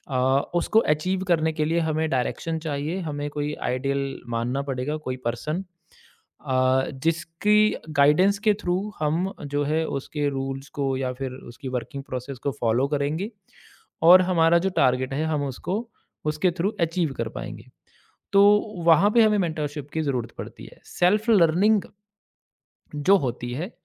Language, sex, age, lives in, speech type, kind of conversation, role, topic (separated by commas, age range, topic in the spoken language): Hindi, male, 35-39, India, conversation, podcast, guest, Self-learning aur mentorship mein se tum kya choose karoge?